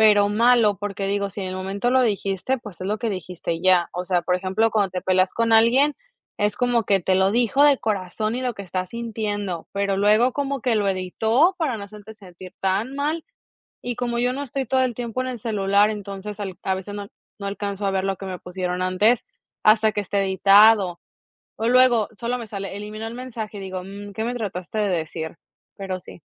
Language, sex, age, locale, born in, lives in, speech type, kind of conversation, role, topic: Spanish, female, 25-29, es-MX, Mexico, United States, conversation, podcast, guest, ¿Prefieres hablar cara a cara o por mensajes?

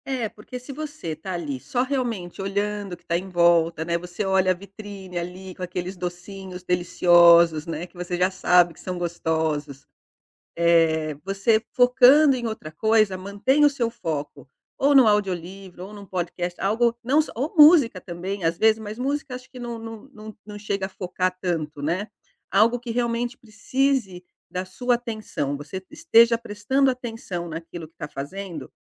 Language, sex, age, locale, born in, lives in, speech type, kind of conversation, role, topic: Portuguese, female, 50-54, pt-BR, Brazil, Portugal, conversation, advice, advisor, Como posso reduzir compras por impulso e gastos desnecessários?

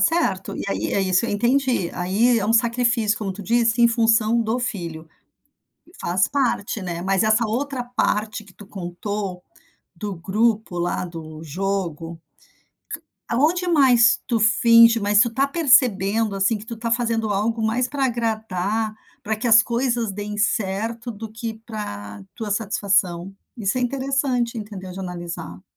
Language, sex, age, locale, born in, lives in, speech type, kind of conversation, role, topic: Portuguese, female, 55-59, pt-BR, Brazil, United States, conversation, advice, advisor, Em que situações você finge gostar de atividades só para se encaixar?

